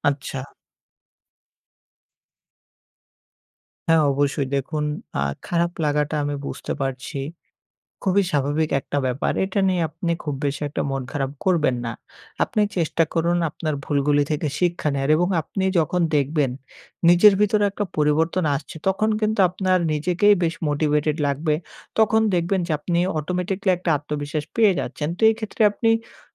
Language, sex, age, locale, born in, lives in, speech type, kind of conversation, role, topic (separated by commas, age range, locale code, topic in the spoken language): Bengali, male, 18-19, bn-BD, Bangladesh, Bangladesh, conversation, advice, advisor, পিছিয়ে পড়ার পর কীভাবে নেতিবাচক আত্মকথা ও আত্মসমালোচনা বন্ধ করতে পারি?